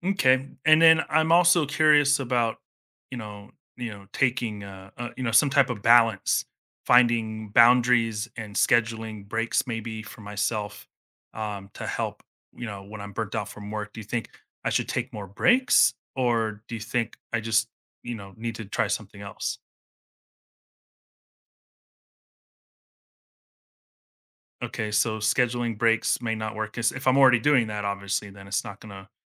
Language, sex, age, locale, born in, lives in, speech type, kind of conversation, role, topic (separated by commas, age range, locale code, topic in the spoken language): English, male, 40-44, en-US, United States, United States, conversation, advice, user, How can I recover from work burnout and regain energy and motivation?